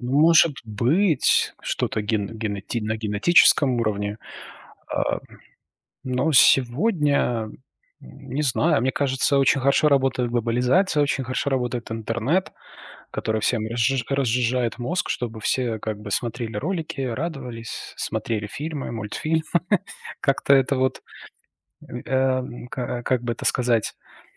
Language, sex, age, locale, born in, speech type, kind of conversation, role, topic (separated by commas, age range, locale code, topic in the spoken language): Russian, male, 35-39, ru-RU, Belarus, conversation, unstructured, none, Как вы думаете, почему войны продолжаются в современном мире?